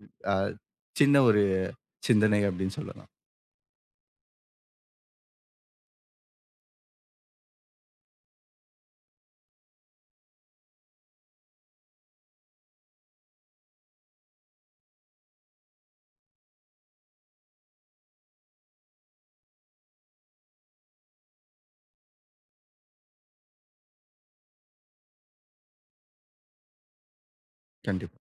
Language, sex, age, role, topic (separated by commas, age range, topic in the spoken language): Tamil, male, 25-29, guest, கிராமம் அல்லது நகரத்தில் வளர்ந்த அனுபவம் உங்கள் இசை ரசனைக்கு எப்படிப் பாதிப்பு ஏற்படுத்தியது?